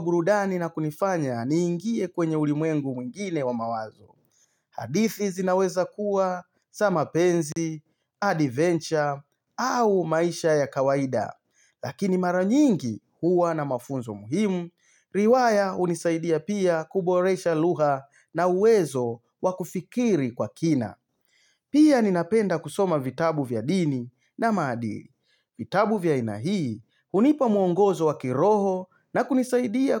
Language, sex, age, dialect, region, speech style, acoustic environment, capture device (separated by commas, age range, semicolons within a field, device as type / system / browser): Swahili, male, 35-44, Tanzania - Dar es Salaam, Tanzania, happy; excited; focused; relaxed, silence, mobile / Linux / Chrome